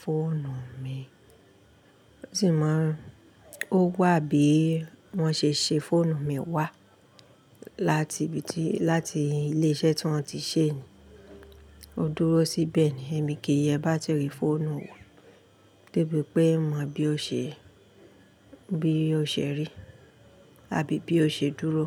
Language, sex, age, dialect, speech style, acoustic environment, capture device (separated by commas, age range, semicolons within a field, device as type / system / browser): Yoruba, female, 35-44, Nigeria - Ibadan, happy; relaxed, appliances; nature; people talking, mobile / Linux / Chrome